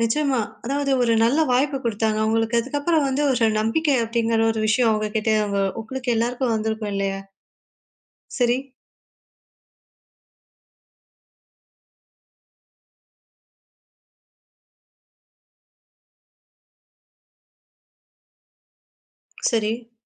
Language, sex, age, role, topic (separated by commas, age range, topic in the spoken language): Tamil, female, 20-24, host, ஒரு கைபேசி அழைப்பு, மின்னஞ்சல் அல்லது குறுஞ்செய்தி காரணமாக உங்கள் வாழ்க்கை மாறியதுண்டா?